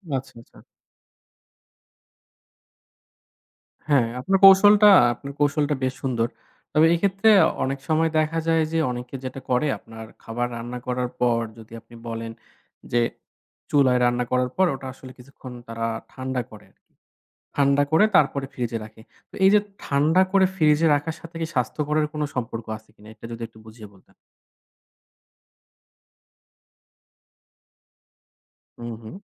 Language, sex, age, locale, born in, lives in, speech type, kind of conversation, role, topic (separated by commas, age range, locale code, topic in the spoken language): Bengali, male, 25-29, bn-BD, Bangladesh, Bangladesh, conversation, podcast, host, আপনি কীভাবে বাড়িতে স্বাস্থ্যকর খাবার রান্না সহজ করে তোলেন?